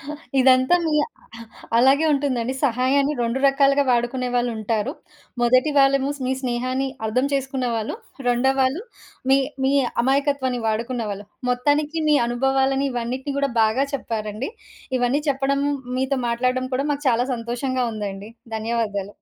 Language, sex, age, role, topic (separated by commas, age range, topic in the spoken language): Telugu, female, 30-34, host, పక్కన ఉన్నవారికి మీరు చేసిన చిన్న సహాయం వారి జీవితాన్ని ఎలా మార్చిందో చెప్పగలరా?